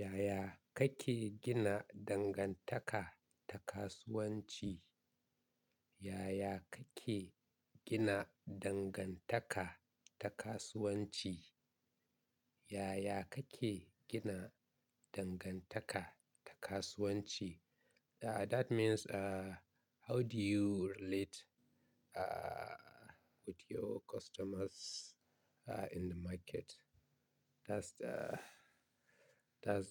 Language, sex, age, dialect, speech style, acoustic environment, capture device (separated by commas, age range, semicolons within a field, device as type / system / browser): Hausa, male, 25-34, Nigeria - Katsina, relaxed, nature; appliances, mobile / Linux / Chrome